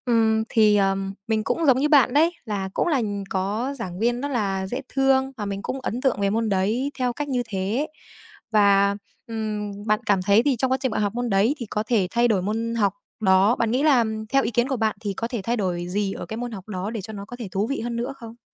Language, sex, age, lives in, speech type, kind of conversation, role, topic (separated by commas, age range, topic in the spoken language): Vietnamese, female, 18-19, Vietnam, conversation, unstructured, none, Bạn thấy môn học nào thú vị nhất ở trường?